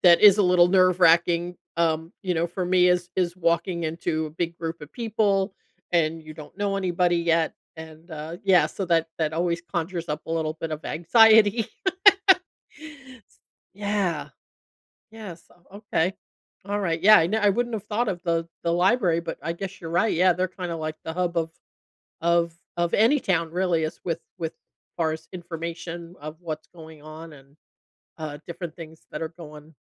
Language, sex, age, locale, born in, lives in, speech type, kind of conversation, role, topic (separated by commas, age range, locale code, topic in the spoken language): English, female, 60-64, en-US, United States, United States, conversation, advice, user, How can I find and build meaningful friendships as an adult?